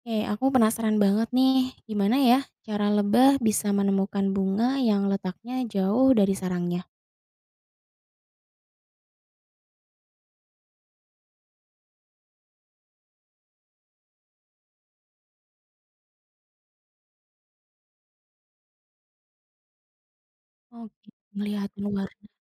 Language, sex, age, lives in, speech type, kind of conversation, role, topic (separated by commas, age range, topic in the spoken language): Indonesian, female, 30-34, Indonesia, read, expert-assistant, asker, Bagaimana lebah bisa menemukan bunga dari jarak jauh?